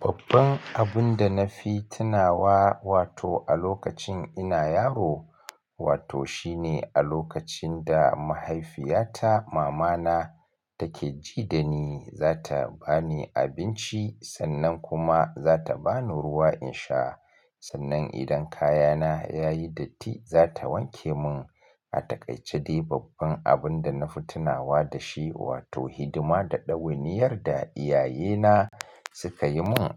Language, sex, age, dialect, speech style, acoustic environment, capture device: Hausa, male, 35-44, Nigeria - Kano, excited; relaxed, nature, mobile / Linux / Chrome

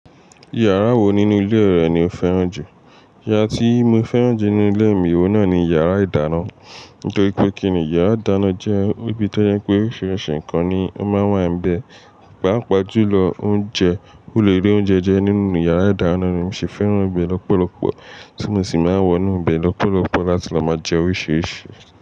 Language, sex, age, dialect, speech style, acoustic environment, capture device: Yoruba, male, 18-24, Nigeria - Nigerian Standard, relaxed, silence, mobile / Linux / Chrome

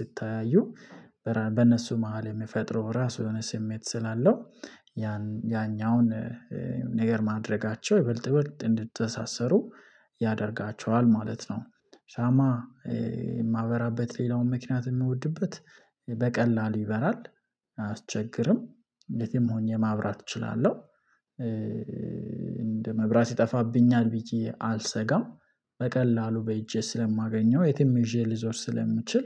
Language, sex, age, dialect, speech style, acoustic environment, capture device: Amharic, male, 25-34, Ethiopia - Addis Ababa, happy; relaxed; focused; excited, silence, mobile / Linux / Chrome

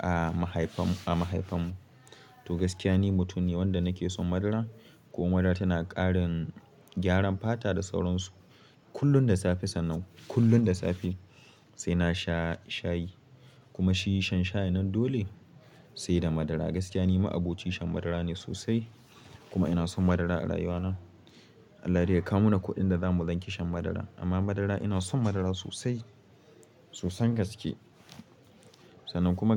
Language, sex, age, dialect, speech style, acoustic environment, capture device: Hausa, male, 18-24, Nigeria - Katsina, happy, silence, mobile / Linux / Chrome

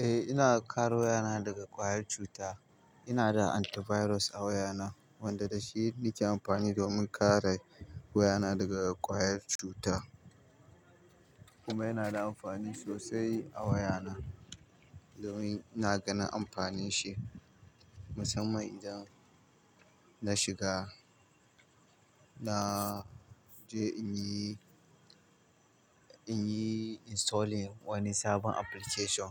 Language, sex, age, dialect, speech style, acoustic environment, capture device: Hausa, male, 25-34, Nigeria - Kano, happy, people talking, mobile / Linux / Chrome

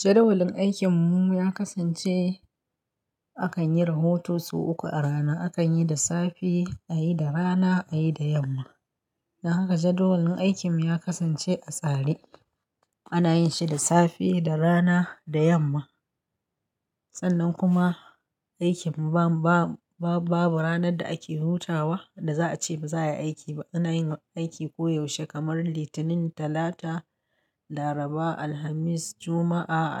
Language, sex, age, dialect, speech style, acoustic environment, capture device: Hausa, female, 35-44, Nigeria - Kano, happy, silence, mobile / Linux / Chrome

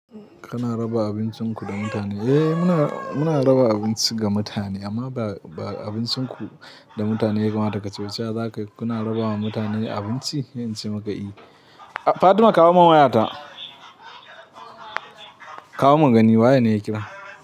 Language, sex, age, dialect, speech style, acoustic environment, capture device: Hausa, male, 18-24, Nigeria - Katsina, anxious; tired; happy; relaxed; nervous; excited; focused; stressed, people talking; music; nature; silence; traffic; construction; appliances, mobile / Linux / Chrome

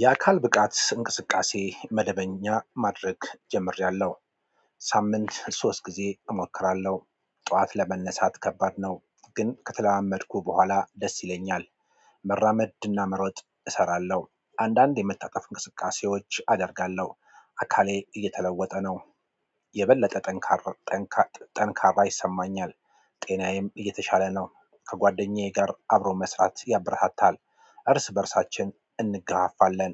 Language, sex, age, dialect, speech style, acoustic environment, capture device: Amharic, male, 35-44, Ethiopia - Addis Ababa, excited, nature, mobile / Android / Chrome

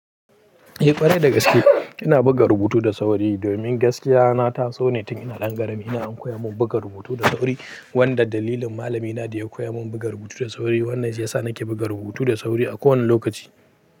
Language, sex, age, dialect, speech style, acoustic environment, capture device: Hausa, male, 18-24, Nigeria - Pidgin-influenced, happy, people talking; silence, mobile / Linux / Chrome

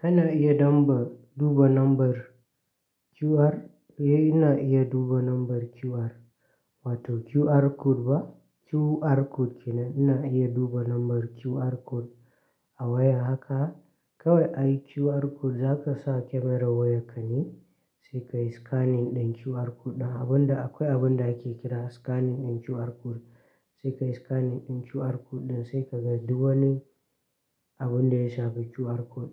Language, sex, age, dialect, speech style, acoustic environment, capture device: Hausa, male, 18-24, Nigeria - Katsina, tired, silence, mobile / Linux / Chrome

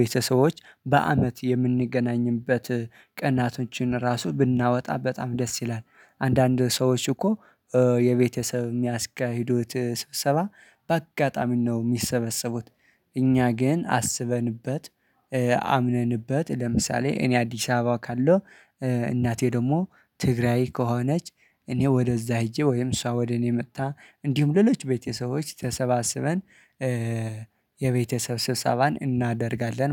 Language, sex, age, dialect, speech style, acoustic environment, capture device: Amharic, male, 25-34, Ethiopia - Addis Ababa, relaxed, silence, mobile / Linux / Chrome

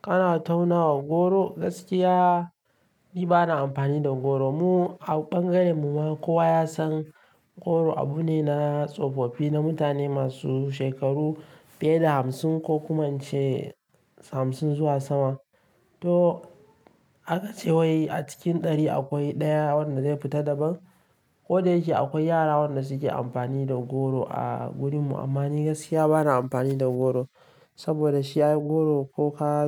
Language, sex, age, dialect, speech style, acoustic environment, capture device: Hausa, male, 18-24, Nigeria - Kano, relaxed, nature; silence, mobile / Linux / Chrome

